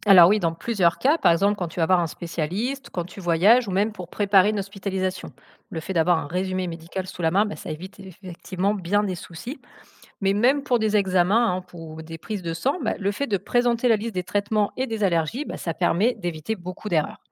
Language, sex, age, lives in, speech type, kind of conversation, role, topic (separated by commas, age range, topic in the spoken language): French, female, 35-39, France, read, expert-assistant, expert, Quelles astuces aident à retenir facilement des informations importantes lors d'une visite médicale ?